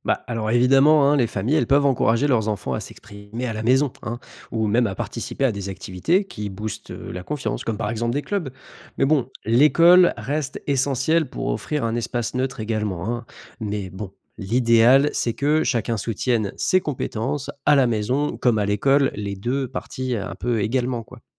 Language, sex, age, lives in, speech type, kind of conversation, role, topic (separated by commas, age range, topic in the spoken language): French, male, 25-29, France, read, expert-assistant, expert, Qu’est-ce qu’on pourrait inventer pour que l’école prépare mieux à la vie d’adulte et au monde du travail ?